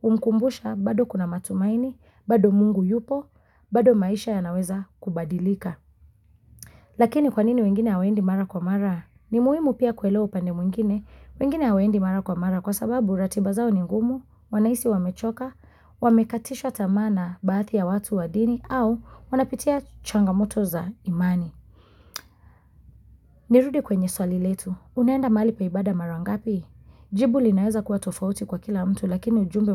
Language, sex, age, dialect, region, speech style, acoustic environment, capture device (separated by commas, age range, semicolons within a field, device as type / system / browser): Swahili, female, 25-34, Kenya - Mombasa, Kenya, focused, nature; silence, mobile / Linux / Chrome